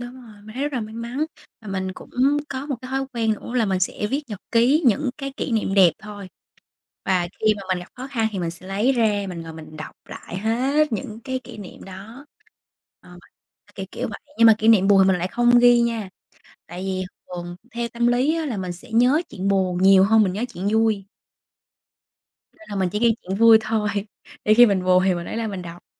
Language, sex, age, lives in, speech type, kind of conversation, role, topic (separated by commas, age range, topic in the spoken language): Vietnamese, female, 25-29, Vietnam, conversation, unstructured, none, Điều gì khiến bạn cảm thấy hạnh phúc nhất trong cuộc sống?